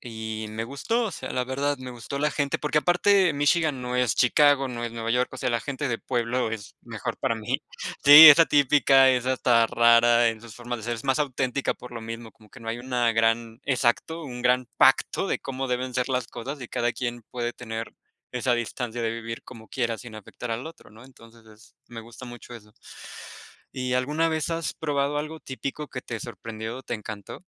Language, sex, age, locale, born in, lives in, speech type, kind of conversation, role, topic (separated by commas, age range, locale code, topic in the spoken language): Spanish, male, 25-29, es-MX, Mexico, Mexico, conversation, unstructured, none, ¿Qué te gusta aprender sobre la cultura local cuando viajas?